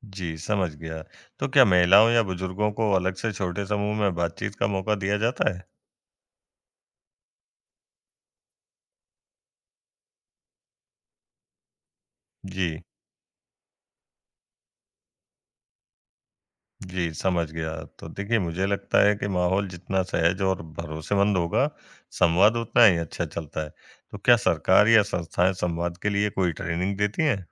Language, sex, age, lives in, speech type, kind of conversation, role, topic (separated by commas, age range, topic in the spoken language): Hindi, male, 20-24, India, read, expert-assistant, asker, मेडिकल विशेषज्ञताओं की दुनिया में नई बातें और ताज़ा जानकारी कहाँ देखने-सुनने को मिल सकती है, कोई आसान तरीका है?